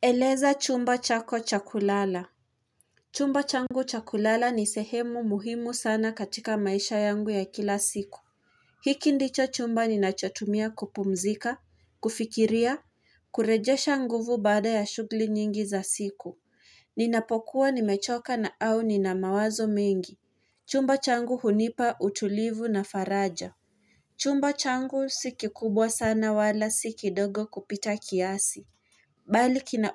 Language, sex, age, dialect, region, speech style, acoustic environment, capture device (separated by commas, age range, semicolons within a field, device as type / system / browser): Swahili, female, 18-24, Kenya - Nairobi Sheng-influenced, Kenya, relaxed; excited; anxious, nature, mobile / Linux / Chrome